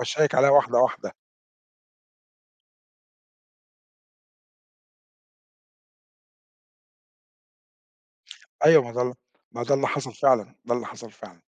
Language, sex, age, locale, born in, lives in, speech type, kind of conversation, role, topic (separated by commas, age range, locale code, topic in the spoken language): Arabic, male, 50-54, ar-EG, Egypt, Portugal, conversation, podcast, guest, إيه المهارة اللي نفسك تتعلمها دلوقتي وليه؟